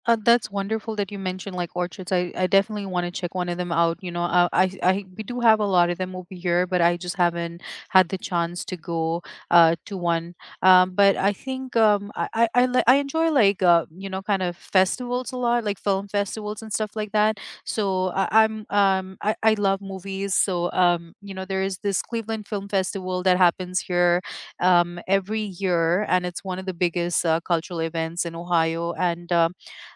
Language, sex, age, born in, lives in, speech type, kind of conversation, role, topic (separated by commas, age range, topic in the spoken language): English, female, 35-39, United States, United States, conversation, unstructured, none, What hidden gems in your city do you always recommend to visitors?